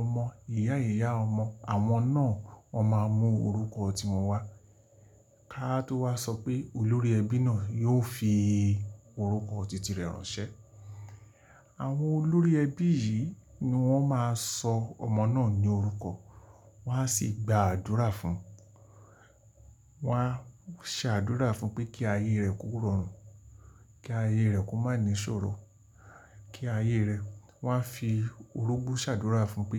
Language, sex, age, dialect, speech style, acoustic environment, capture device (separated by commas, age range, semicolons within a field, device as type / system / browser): Yoruba, male, 45-54, Nigeria - Lagos Yoruba, happy; relaxed, silence; nature, mobile / Linux / Chrome